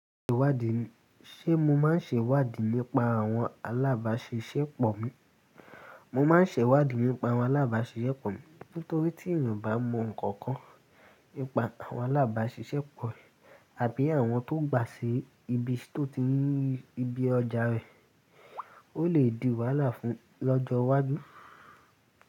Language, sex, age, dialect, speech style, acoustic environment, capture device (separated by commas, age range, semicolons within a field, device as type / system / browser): Yoruba, male, 18-24, Nigeria - Lagos Yoruba, happy; excited; tired, people talking; music; nature; traffic; appliances; construction, mobile / Linux / Chrome